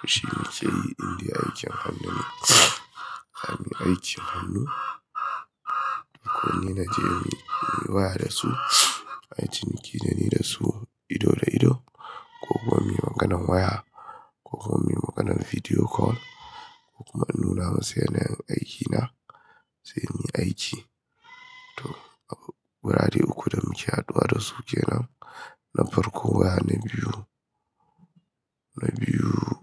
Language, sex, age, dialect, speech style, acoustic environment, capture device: Hausa, male, 25-34, Nigeria - Kano, happy; excited, people talking; construction, mobile / Linux / Chrome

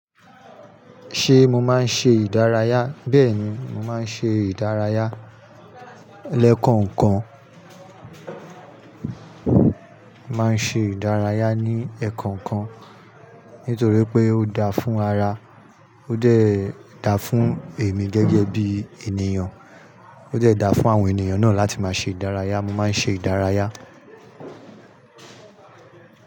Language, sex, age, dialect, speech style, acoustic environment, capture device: Yoruba, male, 35-44, Nigeria - Nigerian Standard, happy; anxious; nervous; excited, people talking; construction; nature, mobile / Linux / Chrome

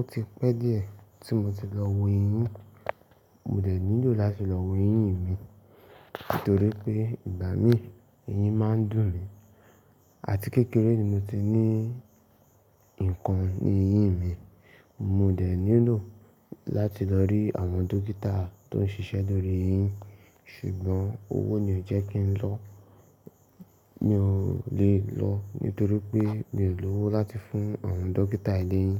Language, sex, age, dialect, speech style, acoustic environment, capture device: Yoruba, male, 18-24, Nigeria - Ibadan, focused, nature, mobile / Linux / Chrome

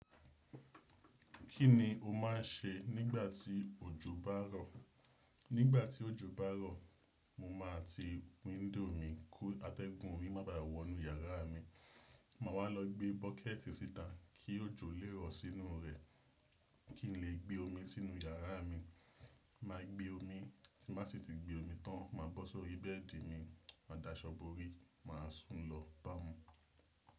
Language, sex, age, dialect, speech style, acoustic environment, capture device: Yoruba, male, 25-34, Nigeria - Nigerian Standard, relaxed, silence, desktop / Windows / Chrome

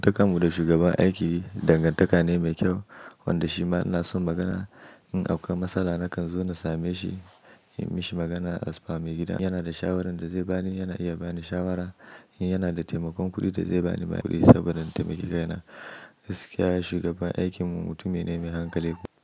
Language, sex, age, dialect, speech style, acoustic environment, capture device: Hausa, male, 25-34, Nigeria - Nigerian Standard, happy; anxious, nature; silence, mobile / macOS / Safari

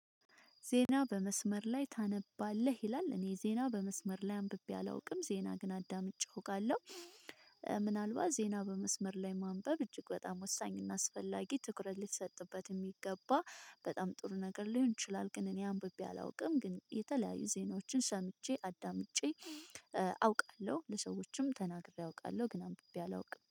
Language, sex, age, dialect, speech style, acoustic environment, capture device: Amharic, female, 25-34, Ethiopia - Addis Ababa, happy; stressed; focused; relaxed, people talking, mobile / Linux / Chrome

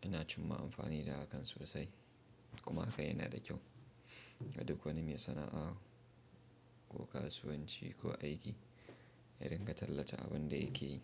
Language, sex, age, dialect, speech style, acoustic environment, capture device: Hausa, male, 25-34, Nigeria - Nigerian Standard, happy; focused; relaxed; excited, silence, mobile / Linux / Chrome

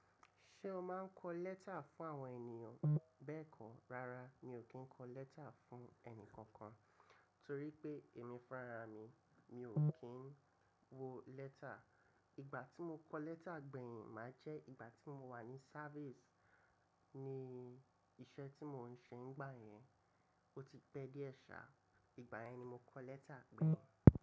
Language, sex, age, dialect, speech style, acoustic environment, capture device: Yoruba, male, 25-34, Nigeria - Lagos Yoruba, relaxed, nature, mobile / macOS / Safari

